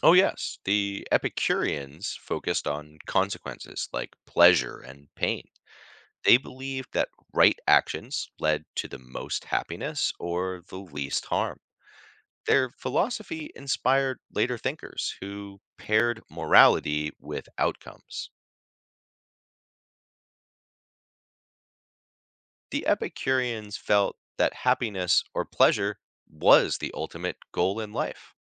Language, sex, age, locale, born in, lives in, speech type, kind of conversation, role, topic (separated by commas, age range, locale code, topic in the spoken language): English, male, 35-39, en-US, United States, United States, read, expert-assistant, expert, How did ancient thinkers figure out what’s right or wrong?